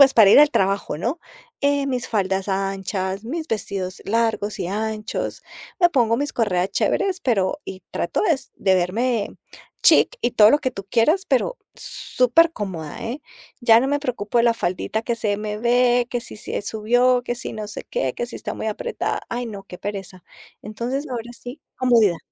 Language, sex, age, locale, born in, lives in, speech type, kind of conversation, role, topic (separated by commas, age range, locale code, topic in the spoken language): Spanish, female, 50-54, es-CO, Colombia, United States, conversation, podcast, guest, ¿Qué ropa te hace sentir más tú?